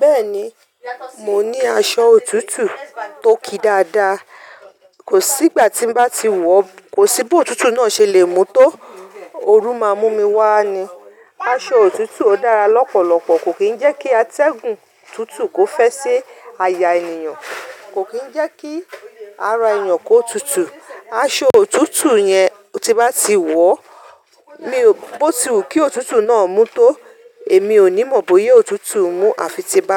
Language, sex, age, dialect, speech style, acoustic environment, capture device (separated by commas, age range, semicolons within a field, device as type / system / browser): Yoruba, female, 35-44, Nigeria - Ibadan, relaxed; focused, nature; silence, mobile / Linux / Chrome